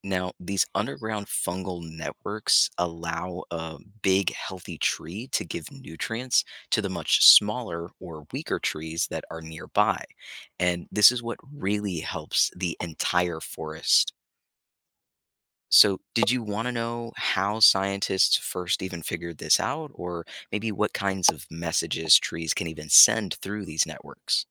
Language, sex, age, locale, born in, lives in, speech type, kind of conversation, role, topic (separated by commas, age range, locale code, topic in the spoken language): English, male, 25-29, en-US, United States, United States, read, expert-assistant, expert, How do mushrooms help recycle nutrients in the forest?